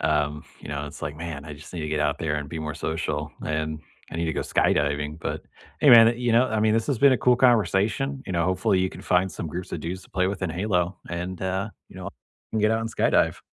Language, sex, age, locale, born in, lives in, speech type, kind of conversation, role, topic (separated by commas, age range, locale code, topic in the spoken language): English, male, 30-34, en-US, United States, United States, conversation, unstructured, none, What hobbies have you been meaning to try this year?